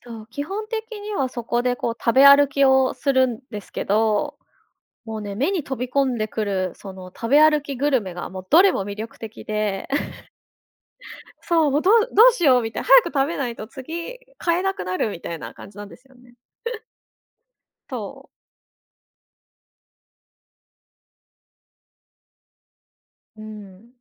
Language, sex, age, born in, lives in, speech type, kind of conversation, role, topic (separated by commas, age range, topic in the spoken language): Japanese, female, 35-39, Japan, Japan, conversation, podcast, guest, 旅先で食べて感動した料理は何ですか？